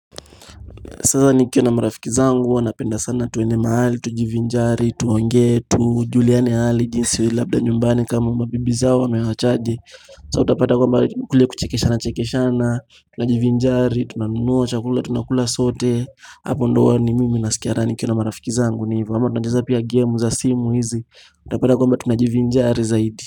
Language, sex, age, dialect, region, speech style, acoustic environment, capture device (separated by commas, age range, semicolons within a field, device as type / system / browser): Swahili, male, 18-24, Kenya - Mombasa, Kenya, happy; anxious, people talking; music; nature, mobile / Linux / Chrome